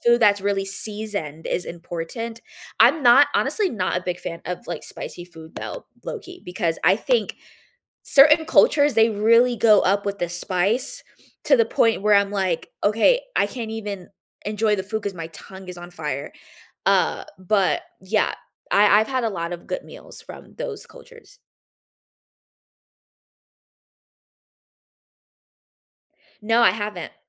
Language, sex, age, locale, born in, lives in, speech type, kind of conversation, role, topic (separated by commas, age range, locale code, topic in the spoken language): English, female, 20-24, en-US, United States, United States, conversation, unstructured, none, Which hobbies taught you surprising real-world skills beyond class, and how did they shape your life?